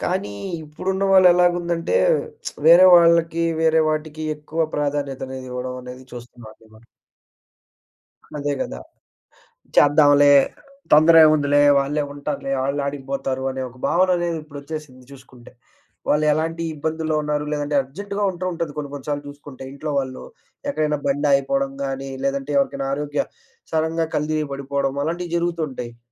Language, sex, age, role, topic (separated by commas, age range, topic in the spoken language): Telugu, male, 20-24, guest, నోటిఫికేషన్లు మిమ్మల్ని ఎంతగా మానసికంగా అలసిపోయేలా చేస్తాయని మీరు అనుకుంటున్నారు?